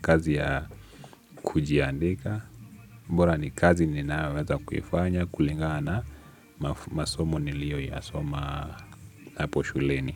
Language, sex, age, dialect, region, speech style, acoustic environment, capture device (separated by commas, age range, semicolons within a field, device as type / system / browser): Swahili, male, 18-24, Kenya - Nairobi Sheng-influenced, Kenya, relaxed, nature; music, desktop / Linux / Chrome